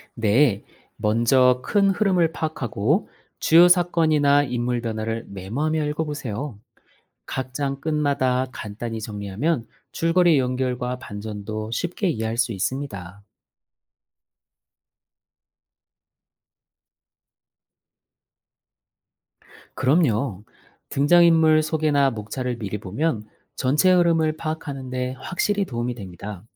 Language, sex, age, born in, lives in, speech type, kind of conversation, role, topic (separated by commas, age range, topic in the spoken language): Korean, male, 40-44, South Korea, Germany, read, expert-assistant, expert, 문학 작품을 평가할 때, 줄거리, 등장인물, 혹은 문체 중에서 어떤 요소를 가장 먼저 살펴봐야 할까요?